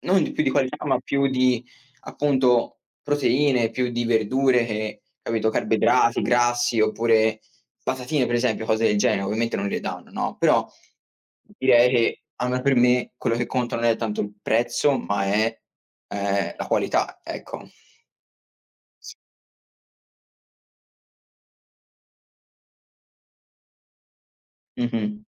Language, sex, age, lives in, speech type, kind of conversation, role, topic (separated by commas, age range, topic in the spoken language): Italian, male, 20-24, United States, conversation, unstructured, none, Qual è la tua opinione sul cibo spazzatura nelle scuole?